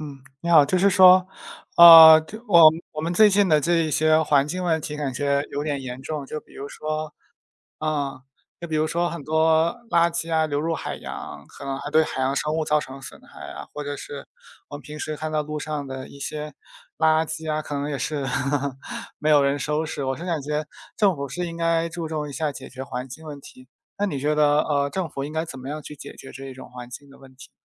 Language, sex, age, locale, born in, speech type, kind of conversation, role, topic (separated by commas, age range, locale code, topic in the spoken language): Chinese, male, 20-24, zh-CN, China, conversation, unstructured, none, 你觉得政府应该如何解决环境问题？